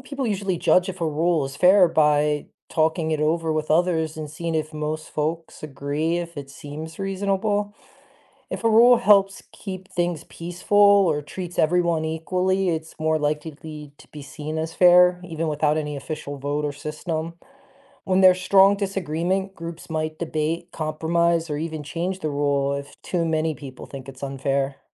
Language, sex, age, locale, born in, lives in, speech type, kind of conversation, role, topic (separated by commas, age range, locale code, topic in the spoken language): English, male, 40-44, en-US, United States, United States, read, expert-assistant, expert, How would society evolve if there were no laws?